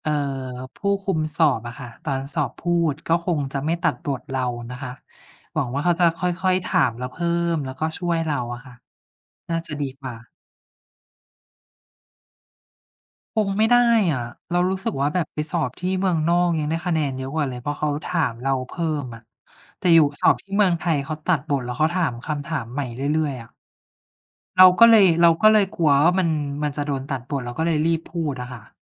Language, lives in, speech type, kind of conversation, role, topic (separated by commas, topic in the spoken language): Thai, Thailand, conversation, unstructured, none, คุณมีวิธีจัดการกับความเครียดในชีวิตประจำวันอย่างไร?